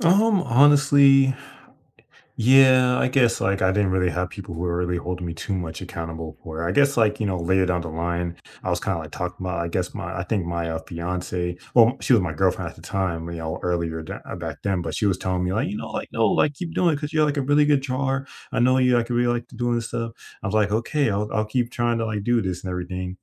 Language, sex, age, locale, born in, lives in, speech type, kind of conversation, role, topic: English, male, 25-29, en-US, United States, United States, conversation, unstructured, none, What is the hardest part about losing a hobby you loved?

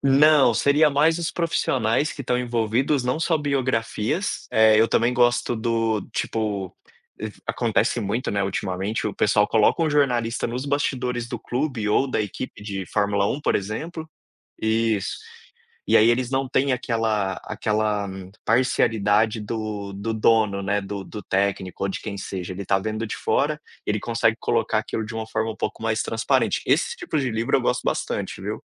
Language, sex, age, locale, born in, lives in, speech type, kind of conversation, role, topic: Portuguese, male, 30-34, pt-BR, Brazil, Spain, conversation, podcast, guest, Como você escolhe os livros que lê?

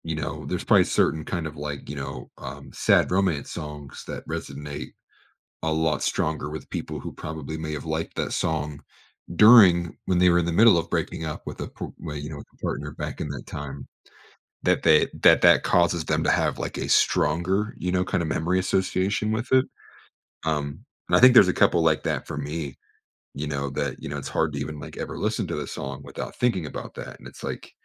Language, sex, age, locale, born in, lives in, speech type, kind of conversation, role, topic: English, male, 25-29, en-US, United States, United States, conversation, podcast, guest, Why do certain songs have the power to transport us back to meaningful moments in our lives?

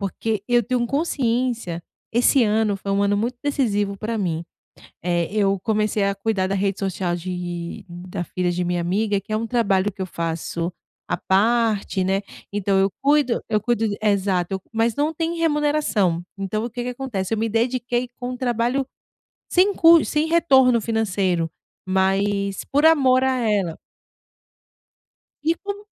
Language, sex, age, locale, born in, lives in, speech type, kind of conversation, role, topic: Portuguese, female, 35-39, pt-BR, Brazil, Portugal, conversation, advice, user, Como posso relaxar em casa sem ficar inquieto?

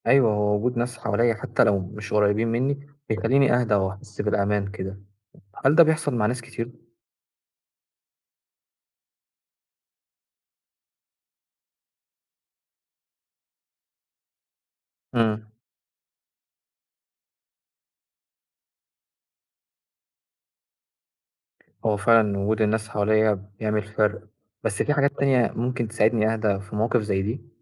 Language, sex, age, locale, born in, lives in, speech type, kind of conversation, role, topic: Arabic, male, 20-24, ar-EG, Egypt, Egypt, read, expert-assistant, asker, أوقات كتير وأنا في الهايكينج بحس بتعب زيادة أو دوخة حتى مع إن الجو كويس، إيه الأسباب اللي ممكن تؤدي لكده وإزاي أتعامل معاها في وسط الرحلة؟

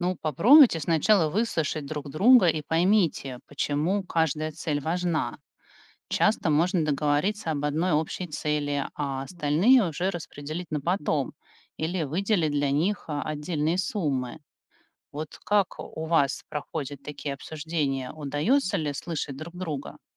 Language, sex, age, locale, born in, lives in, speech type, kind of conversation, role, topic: Russian, female, 45-49, ru-RU, Russia, United States, read, expert-assistant, expert, Как выбрать лучшие советы по управлению личными финансами, если везде так много разной информации?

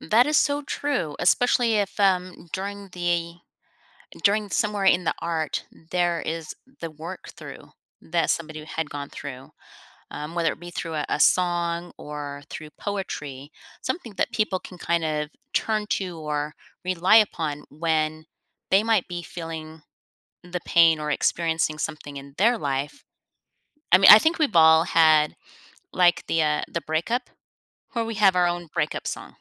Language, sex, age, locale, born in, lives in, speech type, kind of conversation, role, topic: English, female, 50-54, en-US, United States, United States, conversation, podcast, host, In what ways does art help build understanding and community among people?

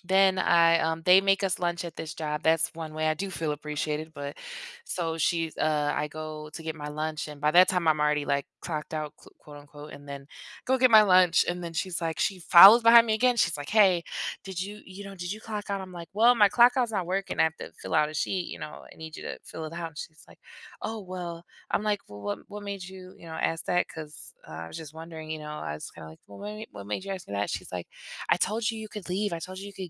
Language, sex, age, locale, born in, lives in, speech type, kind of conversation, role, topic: English, female, 25-29, en-US, United States, United States, conversation, advice, user, How can I address feeling unappreciated at work and get the recognition I need?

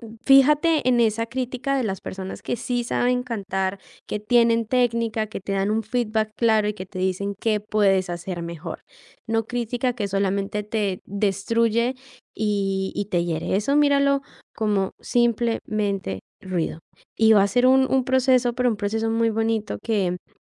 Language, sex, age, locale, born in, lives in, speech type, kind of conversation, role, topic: Spanish, female, 18-19, es-CO, Colombia, Italy, conversation, advice, advisor, ¿Cómo puedo explorar una pasión creativa sin que me frene el miedo al juicio de los demás?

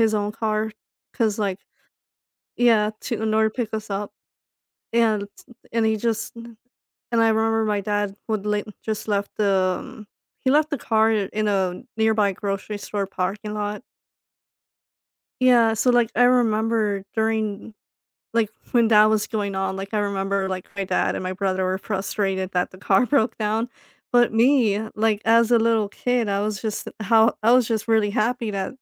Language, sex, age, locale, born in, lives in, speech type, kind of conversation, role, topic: English, female, 25-29, en-US, United States, United States, conversation, advice, user, How can I reclaim small moments of simplicity and calm while managing major responsibilities?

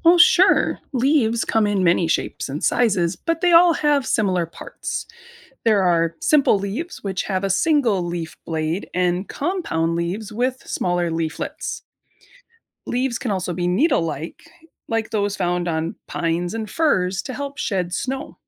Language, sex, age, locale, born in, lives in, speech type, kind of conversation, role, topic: English, female, 35-39, en-US, United States, United States, read, expert-assistant, expert, Can you describe the different types of leaves?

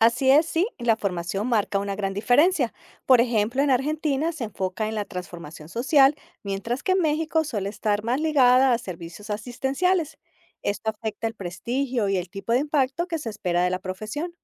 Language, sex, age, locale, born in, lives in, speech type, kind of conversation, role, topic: Spanish, female, 50-54, es-CO, Colombia, United States, read, expert-assistant, expert, ¿Por qué la percepción sobre el trabajo social varía tanto entre diferentes países de Latinoamérica?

